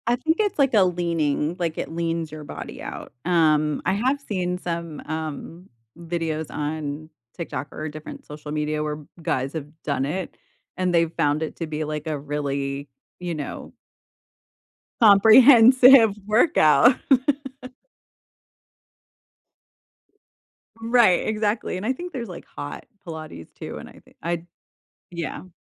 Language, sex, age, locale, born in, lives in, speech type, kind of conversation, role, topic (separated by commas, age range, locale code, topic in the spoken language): English, female, 40-44, en-US, United States, United States, conversation, unstructured, none, What hobby would you try if you had unlimited time and money?